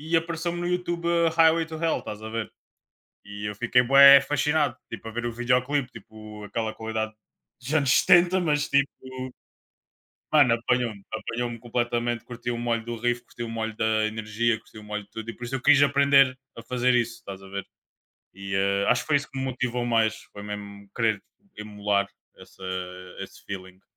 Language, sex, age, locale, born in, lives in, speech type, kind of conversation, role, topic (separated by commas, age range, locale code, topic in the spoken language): Portuguese, male, 25-29, pt-PT, Portugal, Portugal, conversation, unstructured, none, Você já tentou aprender a tocar um instrumento musical? Como foi?